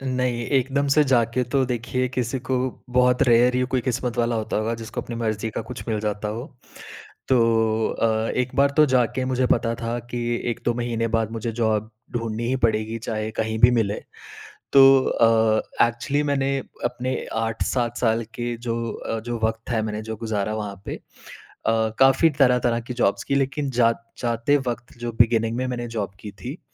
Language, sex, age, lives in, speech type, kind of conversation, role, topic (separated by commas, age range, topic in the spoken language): Hindi, male, 30-34, India, conversation, podcast, guest, Kab tumne ghar chhoda aur tumhe kaisa laga?